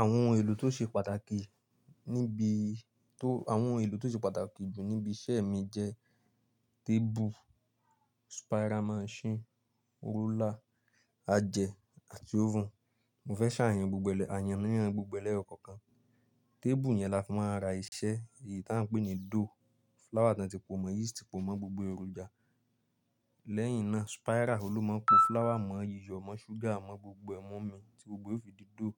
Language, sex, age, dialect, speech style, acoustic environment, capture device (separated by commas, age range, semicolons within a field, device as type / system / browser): Yoruba, male, 25-34, Nigeria - Nigerian Standard, relaxed; focused, silence, mobile / Linux / Chrome